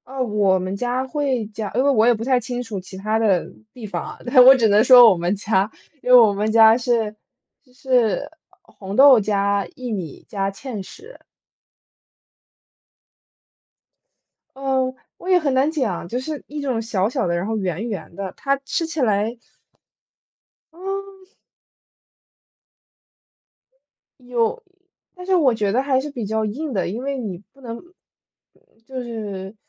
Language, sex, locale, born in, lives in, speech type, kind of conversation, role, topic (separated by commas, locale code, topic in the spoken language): Chinese, female, zh-CN, United States, United States, conversation, unstructured, none, 你最喜欢的甜点是什么？